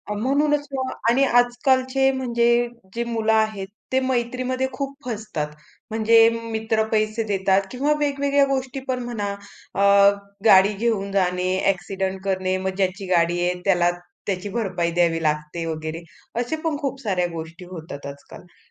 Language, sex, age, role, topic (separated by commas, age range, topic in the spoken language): Marathi, female, 25-29, host, मित्र बनवताना तुमच्यासाठी सर्वात महत्त्वाचं काय असतं?